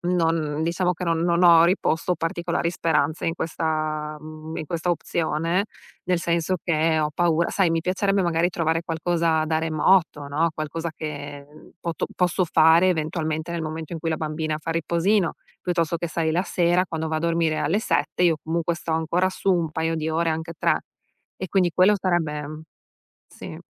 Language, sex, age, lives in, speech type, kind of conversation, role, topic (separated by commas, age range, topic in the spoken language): Italian, female, 35-39, United States, conversation, advice, user, Come posso affrontare la paura del futuro se non ho un fondo di emergenza né un piano pensionistico?